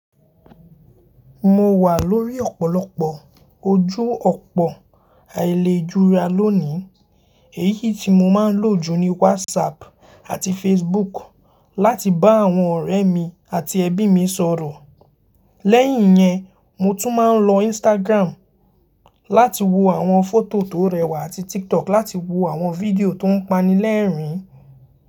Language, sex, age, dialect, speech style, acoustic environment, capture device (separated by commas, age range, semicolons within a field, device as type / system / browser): Yoruba, male, 18-24, Nigeria - Lagos Yoruba, happy, people talking, mobile / Linux / Chrome